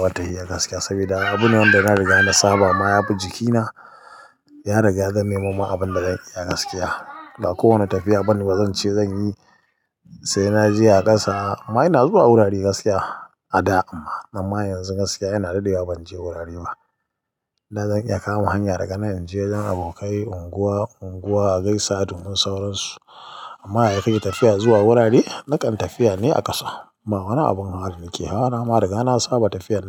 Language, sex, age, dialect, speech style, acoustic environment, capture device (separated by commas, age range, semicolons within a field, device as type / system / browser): Hausa, male, 25-34, Nigeria - Katsina, nervous; excited; focused; relaxed; tired; anxious; happy; stressed, people talking; music; nature; silence; traffic; construction; appliances, mobile / Linux / Chrome